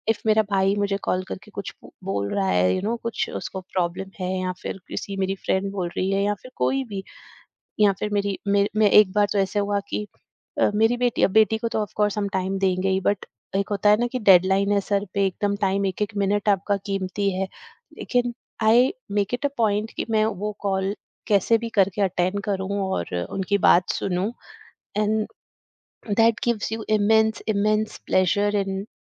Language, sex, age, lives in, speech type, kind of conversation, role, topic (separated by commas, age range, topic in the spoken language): Hindi, female, 45-49, India, conversation, podcast, guest, Kaun si rozana ki chhoti aadatein aapka stress kam karti hain?